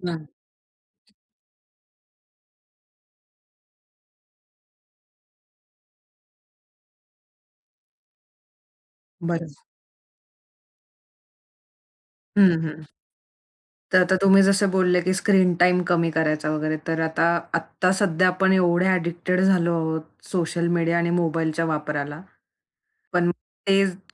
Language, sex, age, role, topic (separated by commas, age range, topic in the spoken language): Marathi, female, 25-29, host, रोजच्या जीवनात साधेपणा कसा आणता येईल?